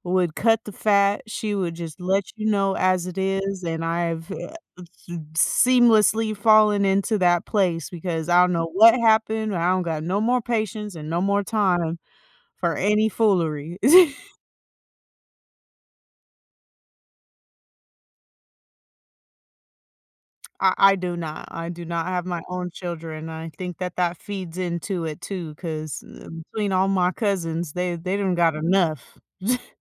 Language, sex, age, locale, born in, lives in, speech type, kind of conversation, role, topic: English, female, 30-34, en-US, United States, United States, conversation, advice, user, How can I find hope and start moving forward after years of grieving?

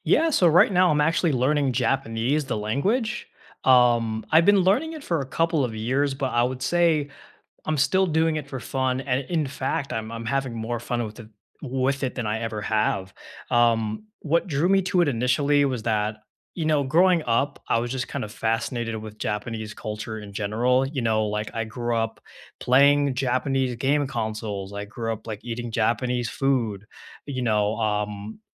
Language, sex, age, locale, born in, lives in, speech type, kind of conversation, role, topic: English, male, 20-24, en-US, United States, United States, conversation, unstructured, none, What are you currently learning just for fun, and what drew you to it?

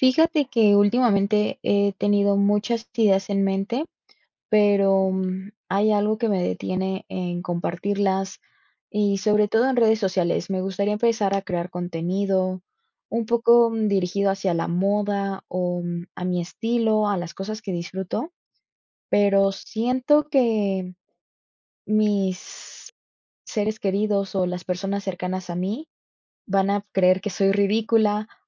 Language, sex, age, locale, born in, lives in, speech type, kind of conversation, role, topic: Spanish, female, 20-24, es-MX, Mexico, Mexico, conversation, advice, user, ¿Cómo te impide el miedo al juicio de los demás compartir o poner en práctica tus ideas?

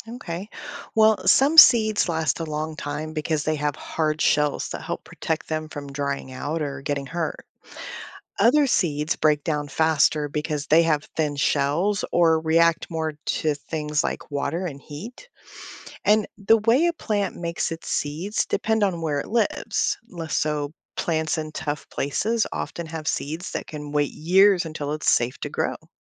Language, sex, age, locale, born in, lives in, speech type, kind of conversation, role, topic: English, female, 45-49, en-US, United States, United States, read, expert-assistant, expert, How do seeds know when to start growing?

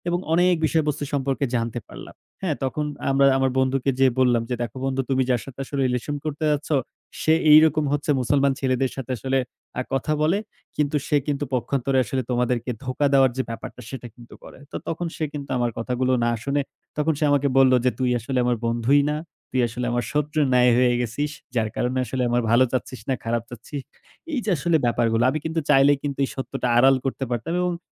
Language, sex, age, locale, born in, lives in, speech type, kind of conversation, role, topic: Bengali, male, 20-24, bn-BD, Bangladesh, Bangladesh, conversation, unstructured, none, তুমি কি মনে করো, কখনো কখনো সত্যের চেয়ে শান্তি বেশি মূল্যবান?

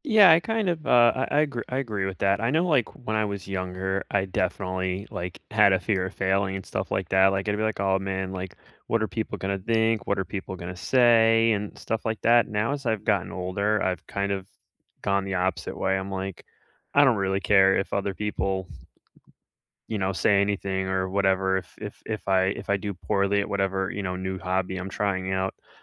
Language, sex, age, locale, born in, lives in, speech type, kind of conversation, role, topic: English, male, 35-39, en-US, United States, United States, conversation, unstructured, none, How do you deal with fear of failing when trying a new hobby?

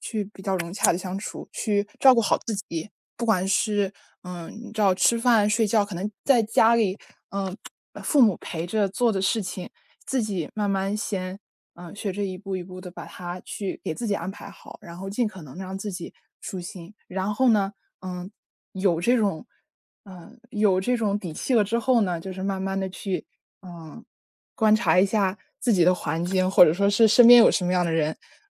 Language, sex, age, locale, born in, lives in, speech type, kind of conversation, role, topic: Chinese, female, 20-24, zh-CN, China, United States, conversation, advice, advisor, 离别后我该如何处理自己的情绪？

